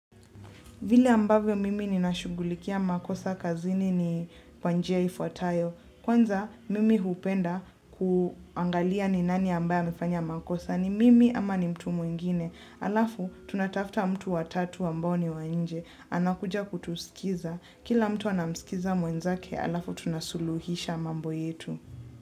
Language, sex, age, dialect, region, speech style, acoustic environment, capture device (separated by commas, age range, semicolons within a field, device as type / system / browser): Swahili, female, 18-24, Kenya - Nairobi Sheng-influenced, Kenya, relaxed, silence, mobile / Linux / Chrome